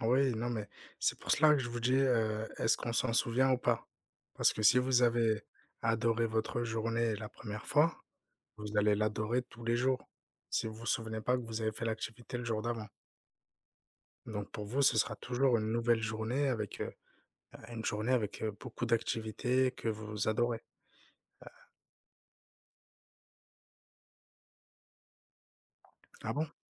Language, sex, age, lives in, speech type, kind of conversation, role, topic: French, male, 30-34, France, conversation, unstructured, none, Préféreriez-vous revivre le même jour indéfiniment ou faire un bond de dix ans dans le futur ?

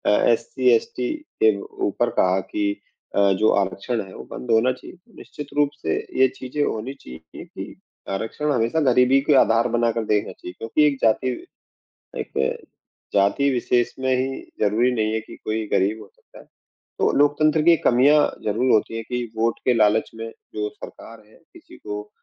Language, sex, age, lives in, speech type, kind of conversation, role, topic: Hindi, male, 35-39, India, conversation, unstructured, none, लोकतंत्र हमारे लिए कितना ज़रूरी है?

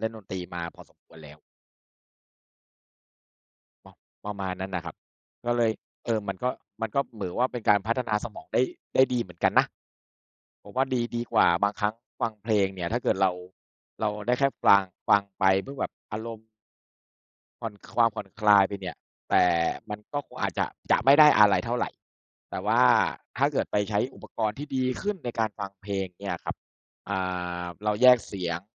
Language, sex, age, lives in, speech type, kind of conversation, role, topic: Thai, male, 40-44, Thailand, conversation, unstructured, none, คุณชอบฟังเพลงหรือเล่นดนตรีมากกว่ากัน?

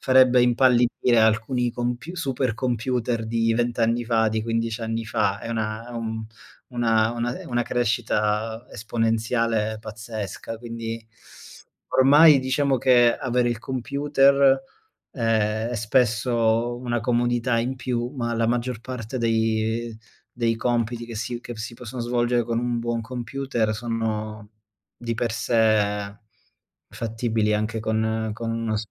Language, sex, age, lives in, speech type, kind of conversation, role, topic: Italian, male, 30-34, Germany, conversation, unstructured, none, Qual è la cosa più sorprendente che la tecnologia abbia fatto per te?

